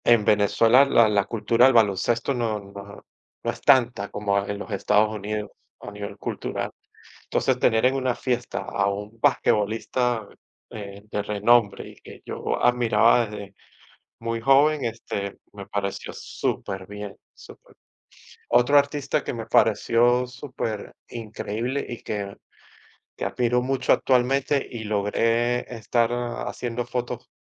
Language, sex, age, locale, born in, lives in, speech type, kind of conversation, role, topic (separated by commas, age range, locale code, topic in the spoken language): Spanish, male, 40-44, es-VE, Venezuela, United States, conversation, unstructured, none, ¿Has tenido alguna experiencia divertida en una fiesta cultural?